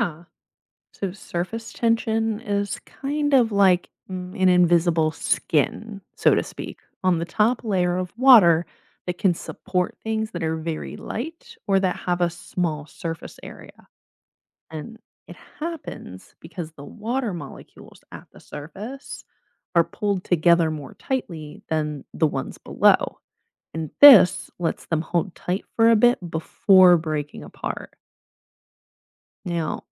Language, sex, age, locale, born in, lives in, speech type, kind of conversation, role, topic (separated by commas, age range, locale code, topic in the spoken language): English, female, 25-29, en-US, United States, United States, read, expert-assistant, expert, Why do some objects float in water while others sink?